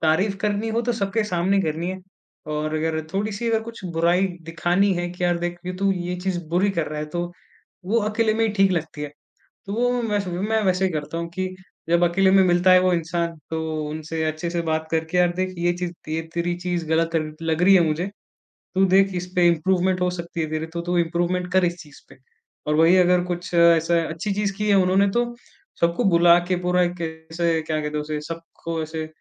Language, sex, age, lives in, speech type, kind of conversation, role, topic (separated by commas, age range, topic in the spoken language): Hindi, male, 20-24, India, conversation, podcast, guest, Feedback dene aur lene ka tumhara tareeka kya hai?